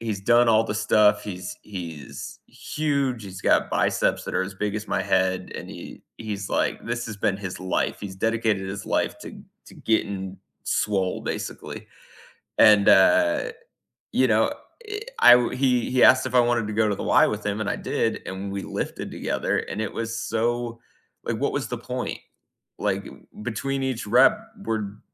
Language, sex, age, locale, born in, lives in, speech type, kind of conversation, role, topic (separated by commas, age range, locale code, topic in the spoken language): English, male, 35-39, en-US, United States, United States, conversation, unstructured, none, Do you prefer exercising alone or with friends?